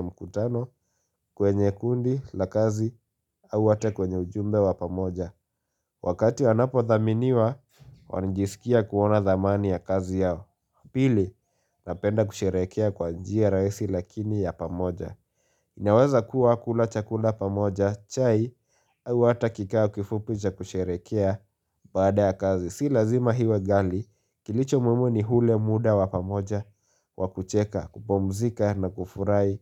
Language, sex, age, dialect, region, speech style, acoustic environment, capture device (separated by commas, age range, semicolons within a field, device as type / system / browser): Swahili, male, 18-24, Kenya - Nairobi Sheng-influenced, Kenya, happy; focused, nature; silence, mobile / Linux / Chrome